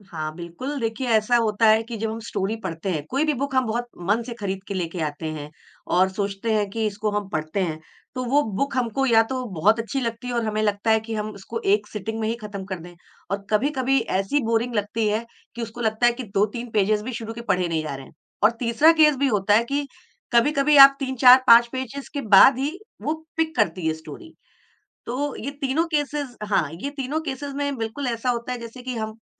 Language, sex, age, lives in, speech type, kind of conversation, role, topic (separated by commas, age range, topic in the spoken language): Hindi, female, 45-49, India, conversation, podcast, guest, Storytelling se trust kaise banta hai aur kya aap ek example de sakte hain?